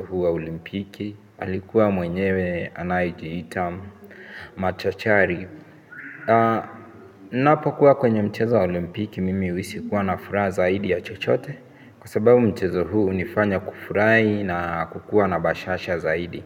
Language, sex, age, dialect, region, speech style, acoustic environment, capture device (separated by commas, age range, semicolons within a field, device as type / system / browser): Swahili, male, 18-24, Kenya - Mombasa, Kenya, focused, silence, mobile / Linux / Chrome